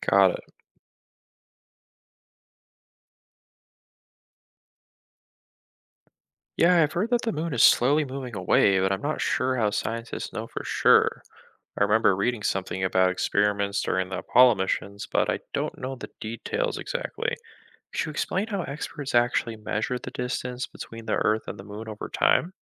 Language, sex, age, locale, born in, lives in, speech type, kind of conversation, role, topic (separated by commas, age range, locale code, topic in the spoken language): English, male, 20-24, en-US, United States, United States, read, expert-assistant, asker, What causes eclipses of the sun and moon?